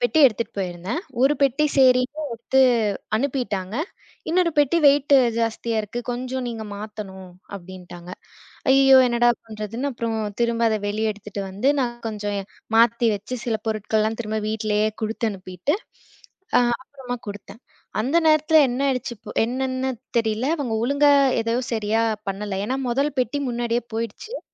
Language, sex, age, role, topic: Tamil, female, 25-29, guest, இழந்த பார்சலை மீட்டு பெற்ற அனுபவத்தை நீங்கள் பகிர முடியுமா?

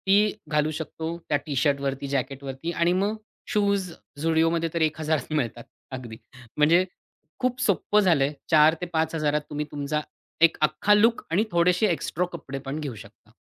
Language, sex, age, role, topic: Marathi, male, 40-44, guest, बजेटनुसार कमी खर्चात आकर्षक दिसण्यासाठी कोणत्या युक्त्या वापरता?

